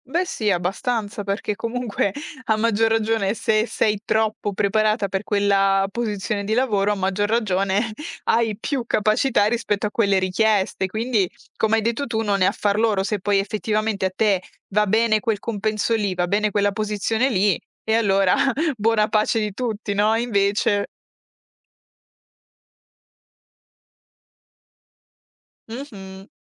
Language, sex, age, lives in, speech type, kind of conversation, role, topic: Italian, female, 25-29, Italy, conversation, podcast, host, Come hai raccontato il tuo percorso nel CV e nei colloqui?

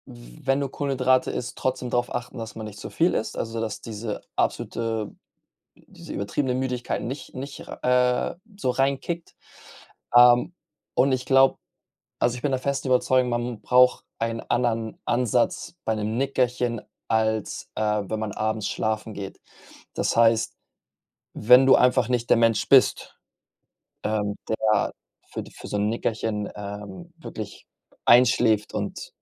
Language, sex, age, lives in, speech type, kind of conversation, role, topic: German, male, 25-29, Spain, conversation, advice, advisor, Welche Schwierigkeiten hast du dabei, tagsüber kurze Nickerchen zur Erholung zu machen?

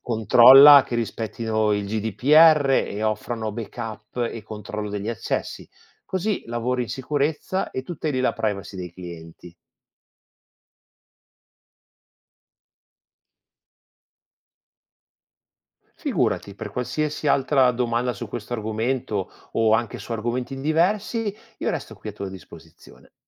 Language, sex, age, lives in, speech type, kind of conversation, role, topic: Italian, male, 45-49, Italy, read, expert-assistant, expert, Come si possono sfruttare le nuove tecnologie in agricoltura per rendere il lavoro nei campi più semplice ed efficace?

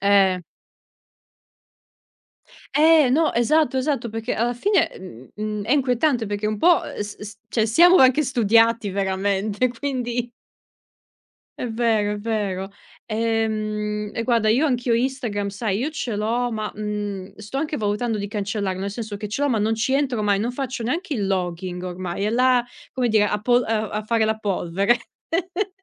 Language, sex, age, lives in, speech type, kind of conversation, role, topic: Italian, female, 30-34, Italy, conversation, unstructured, none, Cosa ti infastidisce di più sui social network?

